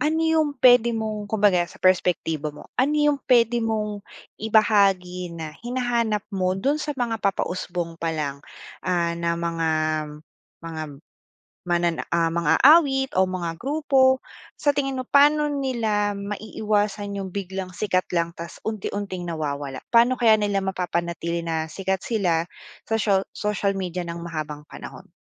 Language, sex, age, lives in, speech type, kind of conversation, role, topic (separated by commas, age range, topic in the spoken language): Filipino, female, 25-29, Philippines, conversation, podcast, host, Paano mo mailalarawan ang epekto ng midyang panlipunan sa musika?